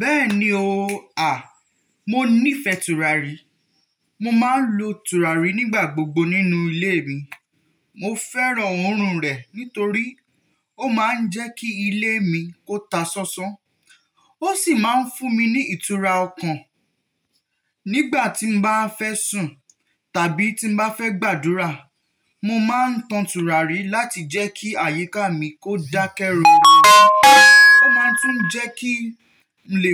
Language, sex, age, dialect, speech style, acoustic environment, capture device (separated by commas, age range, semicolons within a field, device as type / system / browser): Yoruba, male, 18-24, Nigeria - Nigerian Standard, relaxed, nature, mobile / Linux / Chrome